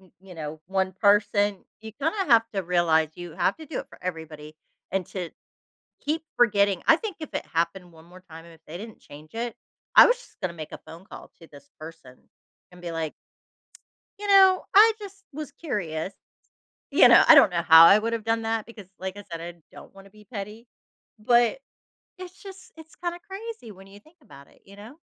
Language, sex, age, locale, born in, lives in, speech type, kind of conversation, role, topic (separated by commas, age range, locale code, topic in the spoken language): English, female, 45-49, en-US, United States, United States, conversation, advice, user, How can I stop feeling overlooked?